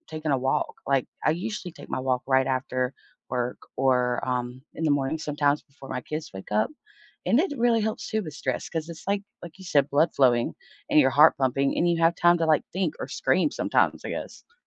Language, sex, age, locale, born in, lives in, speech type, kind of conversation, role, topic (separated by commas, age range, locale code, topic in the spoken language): English, female, 30-34, en-US, United States, United States, conversation, unstructured, none, How can you manage stress through simple, everyday moments, and what are the benefits and limitations of this approach?